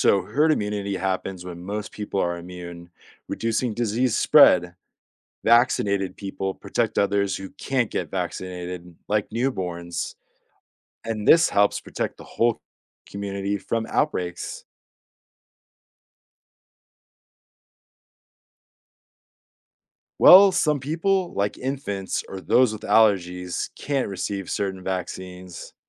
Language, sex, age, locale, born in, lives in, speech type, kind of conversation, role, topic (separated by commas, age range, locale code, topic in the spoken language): English, male, 30-34, en-US, United States, United States, read, expert-assistant, expert, How do vaccines protect against disease?